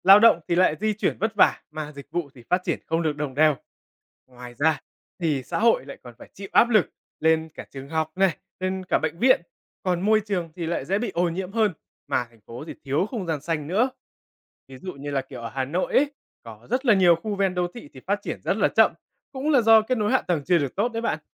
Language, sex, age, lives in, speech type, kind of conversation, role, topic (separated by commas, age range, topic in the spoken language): Vietnamese, male, 20-24, Vietnam, read, expert-assistant, expert, Khi muốn tìm hiểu tại sao một thành phố phát triển kinh tế mạnh hơn thành phố khác, mình nên bắt đầu từ đâu?